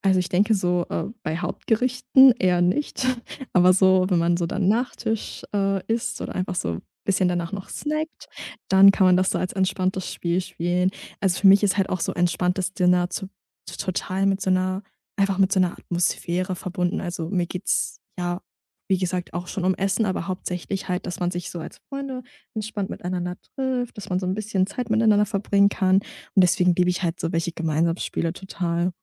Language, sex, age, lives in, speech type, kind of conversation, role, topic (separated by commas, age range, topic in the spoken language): German, female, 20-24, Germany, conversation, podcast, guest, Wie bereitest du ein entspanntes Dinner für Freunde vor?